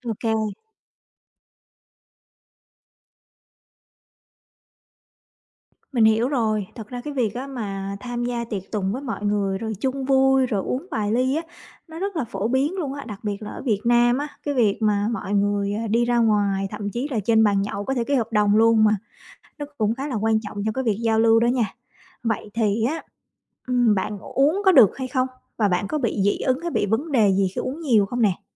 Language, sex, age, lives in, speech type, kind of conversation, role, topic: Vietnamese, female, 35-39, Vietnam, conversation, advice, advisor, Làm sao để bớt ngại đám đông khi đi dự tiệc?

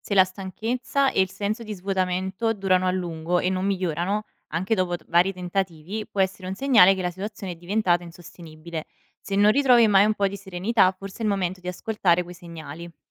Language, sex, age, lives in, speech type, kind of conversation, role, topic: Italian, female, 25-29, Italy, read, expert-assistant, expert, Quali rischi e vantaggi ci sono nel restare insieme quando ci sono problemi di coppia?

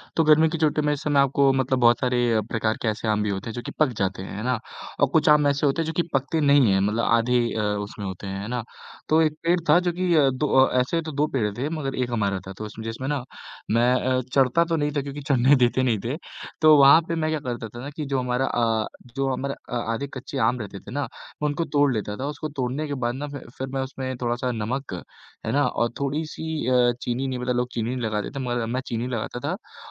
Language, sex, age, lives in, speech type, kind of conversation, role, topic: Hindi, male, 18-19, India, conversation, unstructured, none, आपके बचपन की सबसे अच्छी छुट्टियां कैसी थीं?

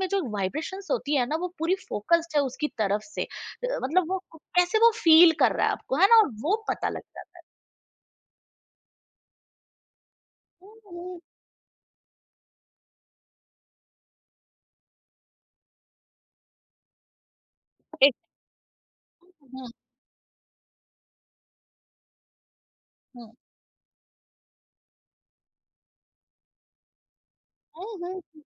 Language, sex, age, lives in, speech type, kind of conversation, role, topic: Hindi, female, 35-39, India, conversation, podcast, host, Touch ya handshake se trust kaise banta hai, aur is baare mein tumhare kya anubhav hain?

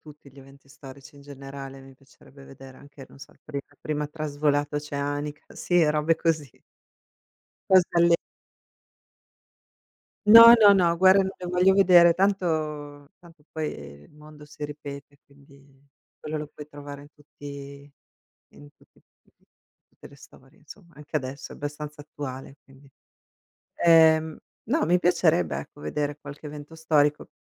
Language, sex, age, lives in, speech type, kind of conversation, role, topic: Italian, female, 45-49, United States, conversation, unstructured, none, Cosa faresti se potessi viaggiare nel tempo per un solo giorno?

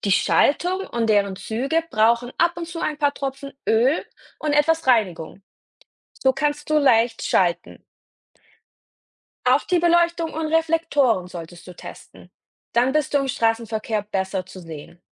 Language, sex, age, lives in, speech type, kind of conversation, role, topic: German, female, 30-34, Germany, read, expert-assistant, expert, Wie funktioniert ein Fahrrad?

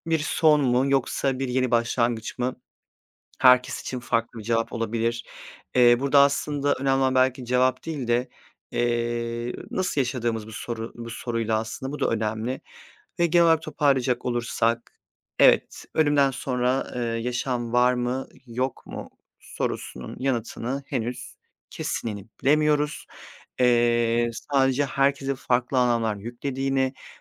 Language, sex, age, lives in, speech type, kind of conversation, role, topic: Turkish, male, 30-34, Poland, conversation, unstructured, none, Senin için ölümden sonra yaşam var mı?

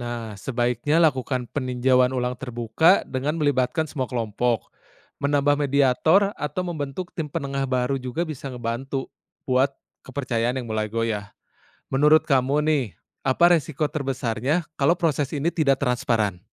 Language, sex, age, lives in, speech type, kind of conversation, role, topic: Indonesian, male, 30-34, Indonesia, read, expert-assistant, expert, Apa cara paling mudah untuk memahami kenapa sebagian negara bisa lebih cepat berkembang dibanding yang lain?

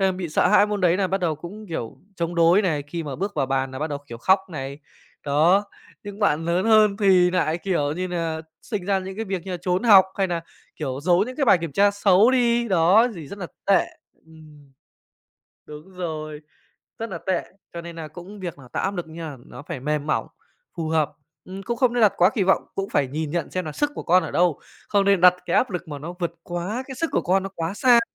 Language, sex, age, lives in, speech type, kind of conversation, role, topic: Vietnamese, male, 25-29, Japan, conversation, podcast, guest, Vai trò của phụ huynh trong giáo dục ngày nay nên như thế nào?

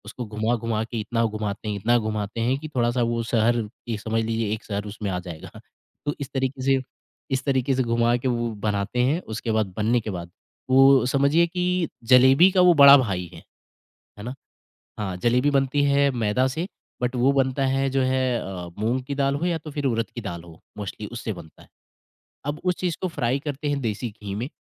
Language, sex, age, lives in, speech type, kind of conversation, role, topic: Hindi, male, 25-29, India, conversation, podcast, guest, Aapki kaunsi parivaar ki recipe ya khaane se judi yaad aaj bhi dil ko chhoo jaati hai?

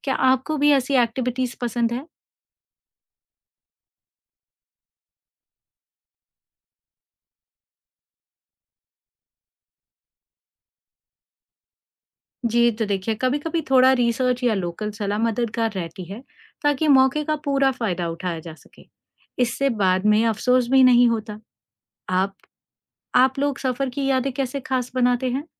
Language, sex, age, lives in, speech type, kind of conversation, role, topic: Hindi, female, 40-44, India, read, expert-assistant, expert, क्या यात्रा की योजना बनाते समय अचानक बजट कम पड़ जाए तो किन व्यावहारिक उपायों से यात्रा को सफल बनाया जा सकता है?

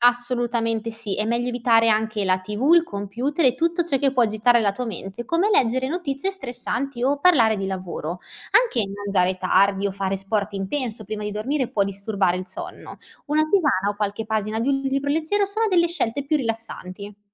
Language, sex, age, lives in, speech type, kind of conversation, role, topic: Italian, female, 25-29, Italy, read, expert-assistant, expert, Quali abitudini quotidiane posso adottare per dormire meglio senza ricorrere a farmaci?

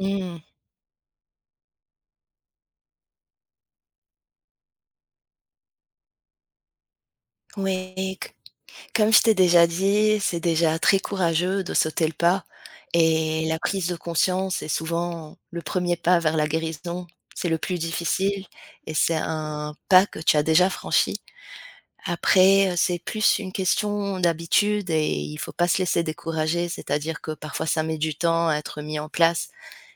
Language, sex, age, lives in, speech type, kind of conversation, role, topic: French, female, 35-39, France, conversation, advice, advisor, Pourquoi est-ce que j’abandonne une nouvelle routine d’exercice au bout de quelques jours ?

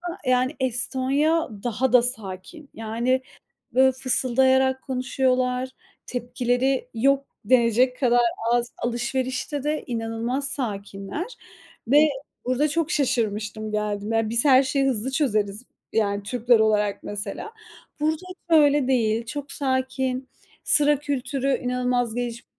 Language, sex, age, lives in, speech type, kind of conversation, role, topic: Turkish, female, 30-34, Estonia, conversation, unstructured, none, Müzik dinlemek gününü nasıl etkiler?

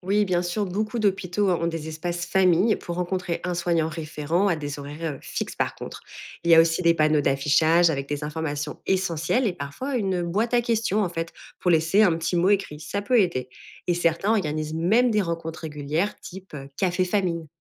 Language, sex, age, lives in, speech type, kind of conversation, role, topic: French, female, 30-34, France, read, expert-assistant, expert, Quels petits changements pourraient rendre les séjours à l’hôpital moins stressants ?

